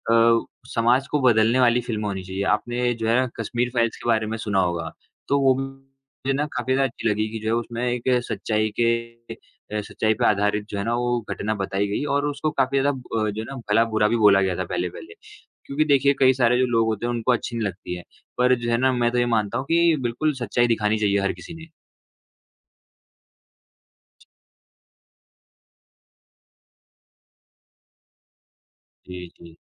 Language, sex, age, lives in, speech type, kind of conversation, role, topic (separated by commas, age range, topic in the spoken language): Hindi, male, 20-24, India, conversation, unstructured, none, क्या आपको लगता है कि बॉलीवुड में अब फिल्मों का मुख्य उद्देश्य केवल पैसा कमाना रह गया है?